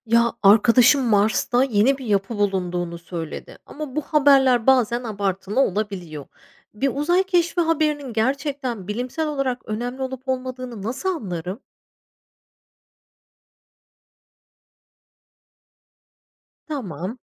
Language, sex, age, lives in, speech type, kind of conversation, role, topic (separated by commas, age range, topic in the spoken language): Turkish, female, 35-39, Spain, read, expert-assistant, asker, Uzayda yeni bir keşif haberi duyunca, bunun gerçekten önemli olup olmadığını nasıl anlayabilirim?